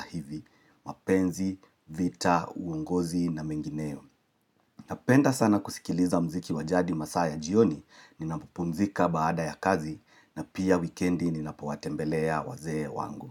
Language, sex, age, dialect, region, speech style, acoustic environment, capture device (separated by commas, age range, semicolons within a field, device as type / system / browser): Swahili, male, 35-44, Kenya - Nairobi Sheng-influenced, Kenya, relaxed, nature; silence, mobile / Linux / Chrome